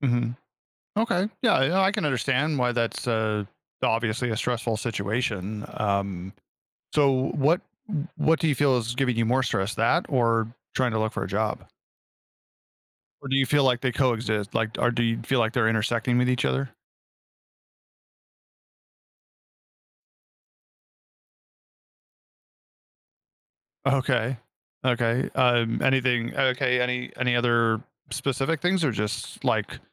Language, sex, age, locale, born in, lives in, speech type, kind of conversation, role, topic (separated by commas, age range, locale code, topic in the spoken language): English, male, 40-44, en-US, United States, United States, conversation, advice, advisor, How can I reduce stress from my daily responsibilities?